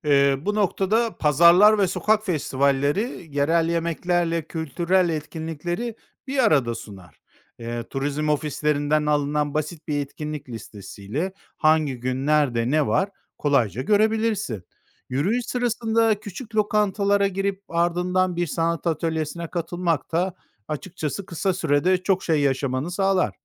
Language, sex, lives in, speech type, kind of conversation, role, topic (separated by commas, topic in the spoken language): Turkish, male, Spain, read, expert-assistant, expert, Seyahat planı yaparken zamandan ve bütçeden tasarruf etmek için hangi yaratıcı yollar denenebilir?